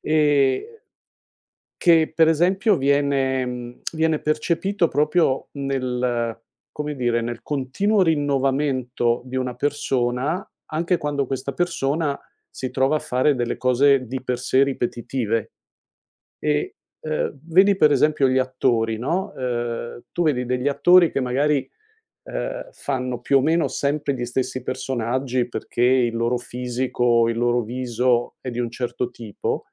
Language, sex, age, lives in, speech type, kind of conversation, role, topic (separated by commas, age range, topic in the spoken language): Italian, male, 60-64, United States, conversation, podcast, guest, Preferisci mostrare il processo o solo il risultato finale?